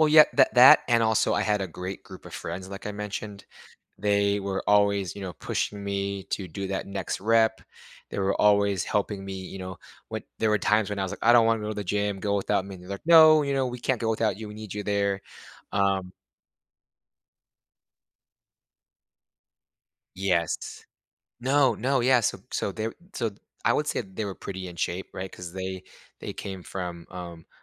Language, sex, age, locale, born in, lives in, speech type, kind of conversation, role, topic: English, male, 30-34, en-US, United States, United States, conversation, podcast, guest, What role does fitness play in your overall well-being and lifestyle?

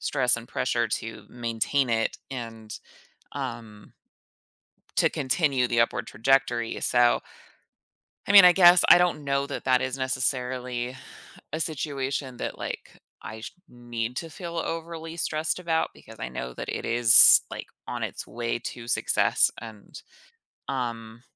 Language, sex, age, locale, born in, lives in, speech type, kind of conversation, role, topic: English, female, 35-39, en-US, United States, United States, conversation, advice, user, How do I manage feeling overwhelmed when I'm juggling too many responsibilities?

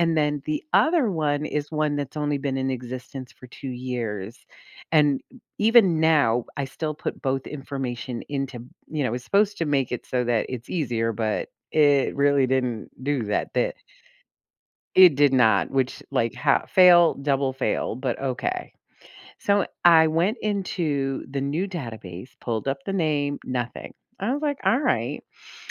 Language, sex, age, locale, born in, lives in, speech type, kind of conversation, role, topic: English, female, 55-59, en-US, United States, United States, conversation, advice, user, How can I balance work and family time?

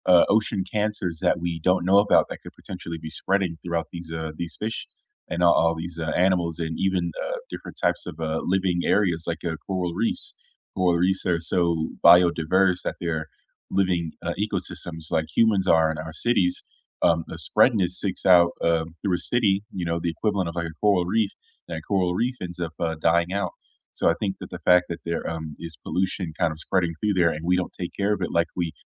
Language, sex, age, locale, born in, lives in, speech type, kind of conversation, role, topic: English, male, 25-29, en-US, United States, United States, conversation, unstructured, none, What do you think about the amount of trash in our oceans?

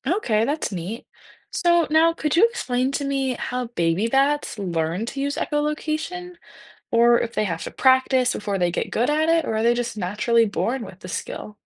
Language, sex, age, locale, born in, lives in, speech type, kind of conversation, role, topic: English, female, 18-19, en-US, United States, United States, read, expert-assistant, asker, How do bats and other animals use echolocation to find food and navigate?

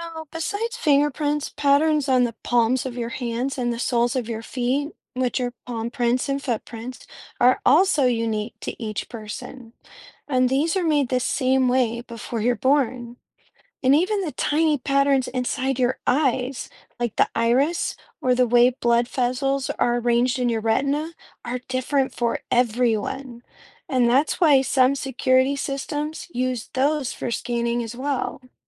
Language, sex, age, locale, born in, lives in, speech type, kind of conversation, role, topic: English, female, 40-44, en-US, United States, United States, read, expert-assistant, expert, How do fingerprints form on fingers?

